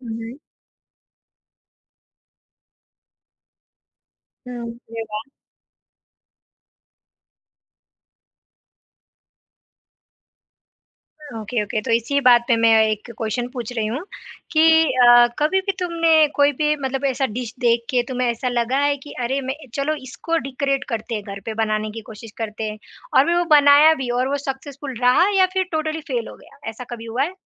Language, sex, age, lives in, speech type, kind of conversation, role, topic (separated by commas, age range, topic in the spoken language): Hindi, female, 20-24, India, conversation, podcast, host, Kaunsa street food khaake tumhe swaad ko samajhne ka naya nazariya mila?